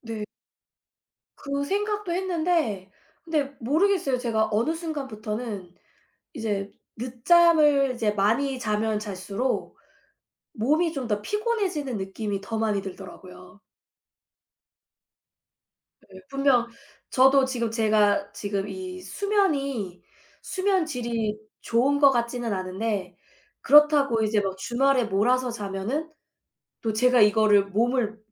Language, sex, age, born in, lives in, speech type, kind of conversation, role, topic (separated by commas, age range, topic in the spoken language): Korean, female, 35-39, South Korea, United States, conversation, unstructured, none, 주말에는 집에서 쉬는 것과 밖에서 활동하는 것 중 어느 쪽이 더 좋으신가요?